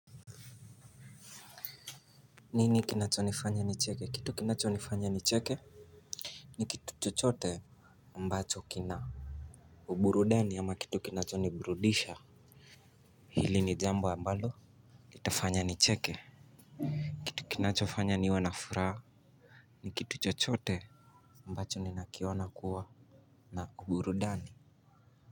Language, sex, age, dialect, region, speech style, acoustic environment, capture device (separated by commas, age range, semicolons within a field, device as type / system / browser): Swahili, male, 18-24, Kenya - Mombasa, Kenya, focused, silence, mobile / Linux / Chrome